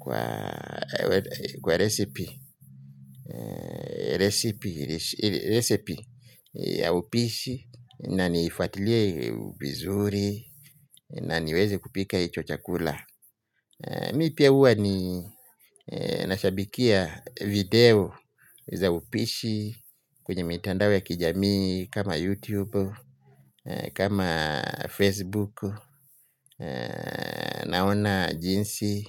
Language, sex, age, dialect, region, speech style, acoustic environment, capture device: Swahili, male, 35-44, Kenya - Mombasa, Kenya, happy, traffic; people talking, mobile / Linux / Chrome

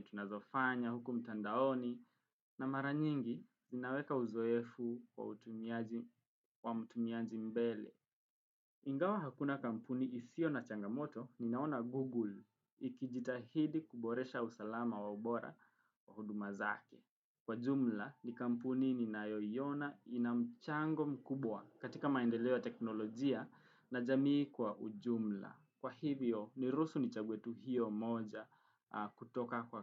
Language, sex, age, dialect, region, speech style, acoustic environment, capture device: Swahili, male, 18-24, Kenya - Nairobi Sheng-influenced, Kenya, relaxed, nature, desktop / Windows / Chrome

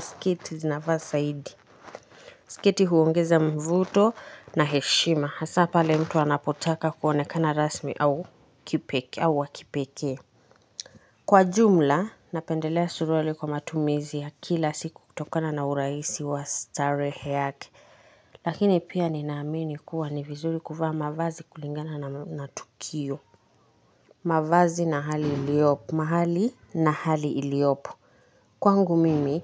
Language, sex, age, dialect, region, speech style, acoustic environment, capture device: Swahili, female, 25-34, Kenya - Nairobi Sheng-influenced, Kenya, relaxed, nature, mobile / Linux / Chrome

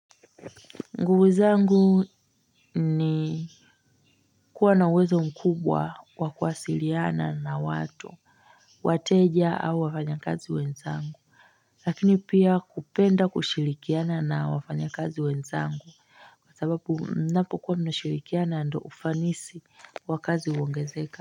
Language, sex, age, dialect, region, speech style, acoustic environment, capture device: Swahili, female, 25-34, Tanzania - Dar es Salaam, Tanzania, relaxed; focused, nature, mobile / Linux / Chrome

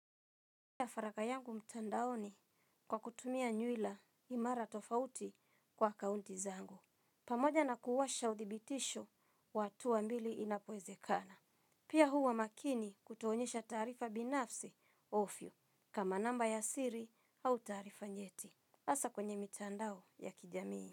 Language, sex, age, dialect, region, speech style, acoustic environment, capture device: Swahili, female, 35-44, Kenya - Nairobi Sheng-influenced, Kenya, happy, silence, mobile / Linux / Chrome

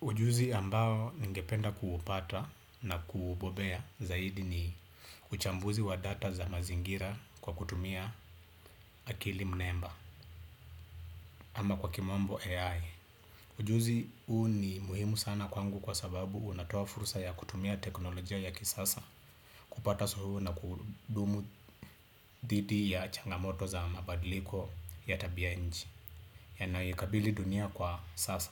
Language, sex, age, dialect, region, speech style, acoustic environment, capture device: Swahili, male, 25-34, Kenya - Nairobi Sheng-influenced, Kenya, relaxed; focused, silence, desktop / Windows / Chrome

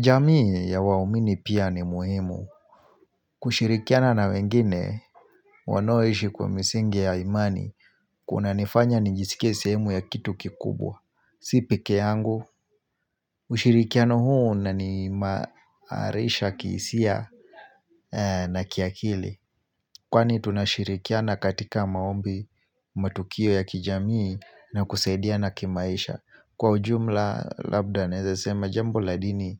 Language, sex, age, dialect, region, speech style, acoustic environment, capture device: Swahili, male, 25-34, Kenya - Mombasa, Kenya, focused; relaxed, nature, mobile / Linux / Chrome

